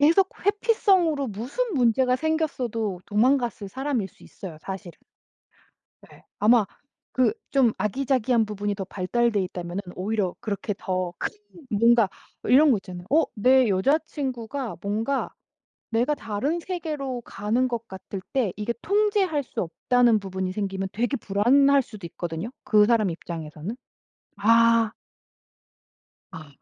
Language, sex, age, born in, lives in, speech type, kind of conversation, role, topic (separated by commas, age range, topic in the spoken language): Korean, female, 40-44, South Korea, United States, conversation, advice, advisor, 이별 직후 외로움과 잠들기 어려움을 어떻게 느끼시나요?